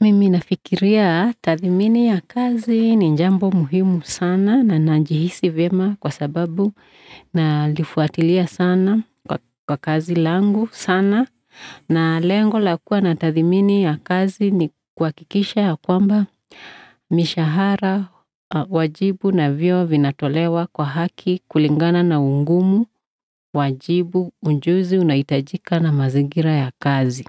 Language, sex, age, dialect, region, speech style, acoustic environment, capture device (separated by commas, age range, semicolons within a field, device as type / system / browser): Swahili, male, 35-44, Kenya - Nairobi Sheng-influenced, Kenya, relaxed, silence; appliances; people talking, desktop / Windows / Edge